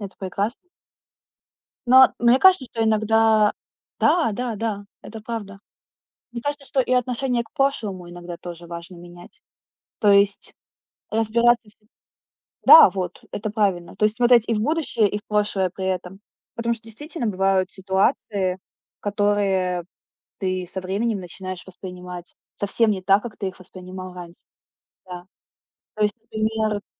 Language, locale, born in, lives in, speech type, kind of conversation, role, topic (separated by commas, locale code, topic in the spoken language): Russian, ru-RU, Ukraine, Estonia, conversation, unstructured, none, Почему иногда воспоминания вызывают у тебя злость?